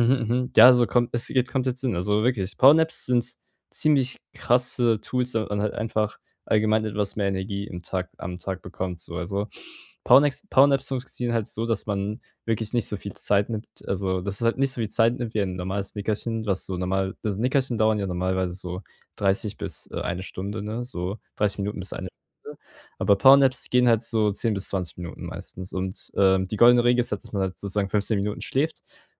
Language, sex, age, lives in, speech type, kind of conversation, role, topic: German, male, 18-19, Germany, conversation, advice, advisor, Wie kann ich Powernaps in meinen vollen Tagesablauf integrieren?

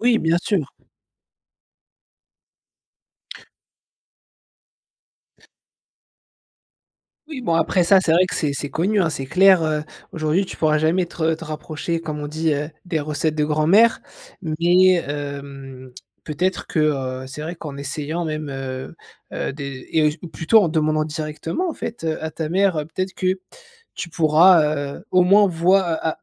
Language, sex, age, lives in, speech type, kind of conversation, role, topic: French, male, 30-34, France, conversation, podcast, host, Quel est le plat qui te rappelle ton enfance ?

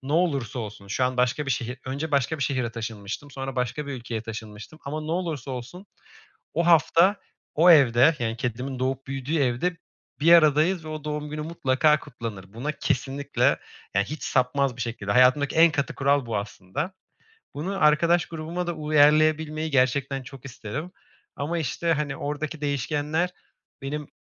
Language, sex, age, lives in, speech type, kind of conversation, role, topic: Turkish, male, 25-29, Germany, conversation, advice, user, Yıldönümleri ve özel günlerde duygusal olarak tetiklendiğinizde neler yaşıyorsunuz?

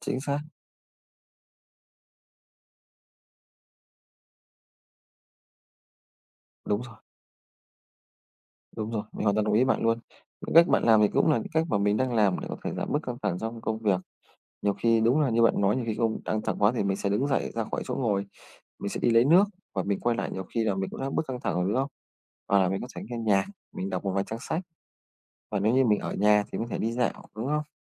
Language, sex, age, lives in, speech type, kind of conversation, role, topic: Vietnamese, male, 25-29, Vietnam, conversation, unstructured, none, Bạn nghĩ gì về áp lực công việc ngày nay?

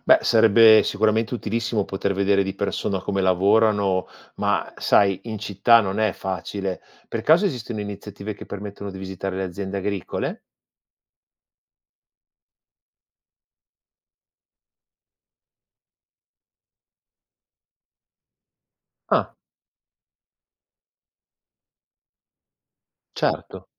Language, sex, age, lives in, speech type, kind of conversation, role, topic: Italian, male, 45-49, Italy, read, expert-assistant, asker, Vale davvero la pena scegliere prodotti a chilometro zero rispetto a quelli provenienti da lontano? Quali sono i pro e i contro concreti?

